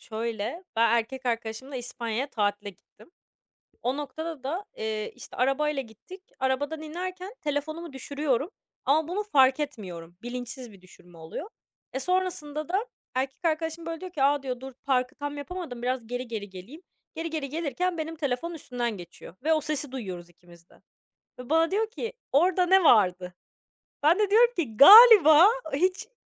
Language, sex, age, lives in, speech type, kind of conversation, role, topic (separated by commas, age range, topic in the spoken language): Turkish, female, 20-24, France, conversation, podcast, guest, Telefona ve sosyal medyaya sınır koymayı nasıl başarıyorsun?